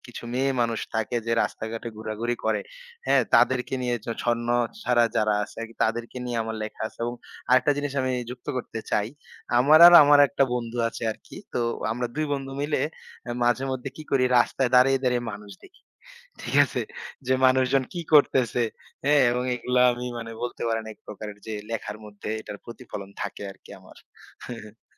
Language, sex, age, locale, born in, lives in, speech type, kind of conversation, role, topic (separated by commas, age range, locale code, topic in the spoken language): Bengali, male, 25-29, bn-BD, Bangladesh, Bangladesh, conversation, podcast, guest, কীভাবে আপনি একটি নতুন চরিত্র তৈরি করা শুরু করেন?